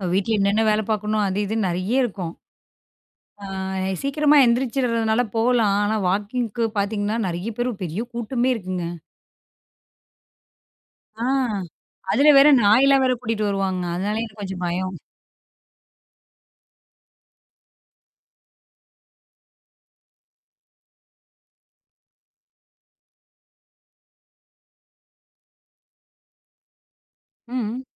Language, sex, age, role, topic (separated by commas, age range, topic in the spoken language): Tamil, female, 35-39, guest, நல்ல பழக்கங்களை நீண்ட காலம் தொடர்ந்து கைவிடாமல் பேணுவது எப்படி?